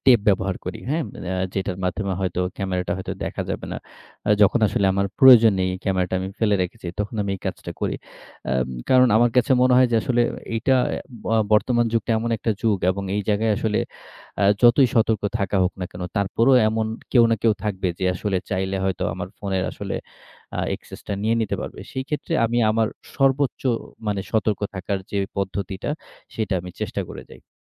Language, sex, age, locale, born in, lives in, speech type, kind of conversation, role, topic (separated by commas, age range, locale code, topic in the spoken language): Bengali, male, 30-34, bn-BD, Bangladesh, Bangladesh, conversation, podcast, guest, ব্যক্তিগত তথ্য অনলাইনে নিরাপদ রাখতে তুমি কী করো?